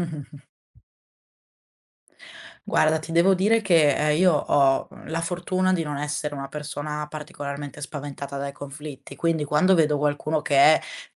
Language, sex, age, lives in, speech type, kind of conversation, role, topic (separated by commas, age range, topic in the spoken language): Italian, female, 20-24, Italy, conversation, podcast, guest, Qual è il primo piccolo passo che posso fare per diventare più assertivo?